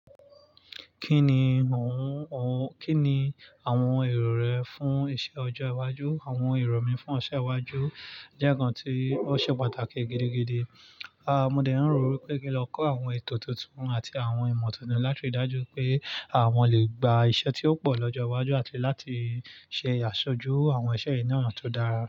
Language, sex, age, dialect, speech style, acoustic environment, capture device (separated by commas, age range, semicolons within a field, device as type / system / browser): Yoruba, male, 25-34, Nigeria - Nigerian Standard, relaxed, nature, mobile / Linux / Chrome